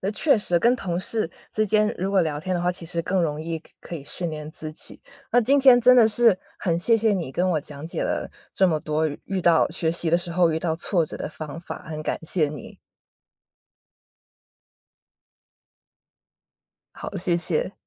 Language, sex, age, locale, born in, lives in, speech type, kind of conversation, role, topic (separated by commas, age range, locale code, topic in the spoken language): Chinese, female, 30-34, zh-CN, China, United States, conversation, podcast, host, 遇到学习挫折时，你通常会怎么应对？